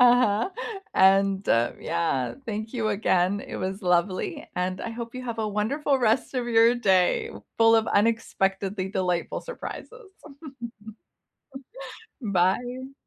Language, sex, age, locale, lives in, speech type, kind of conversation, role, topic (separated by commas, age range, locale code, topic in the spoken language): English, female, 45-49, en-CA, Canada, conversation, unstructured, none, What is the most unexpectedly delightful place you've visited close to home?